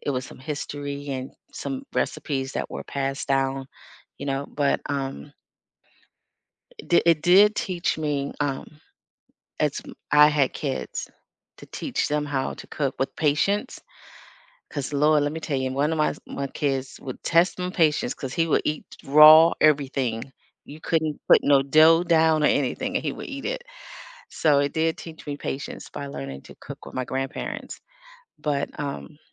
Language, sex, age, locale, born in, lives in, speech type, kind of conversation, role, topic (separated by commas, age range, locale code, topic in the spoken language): English, female, 55-59, en-US, United States, United States, conversation, unstructured, none, Which class project will you never forget, and what did it teach you about learning, teamwork, or yourself?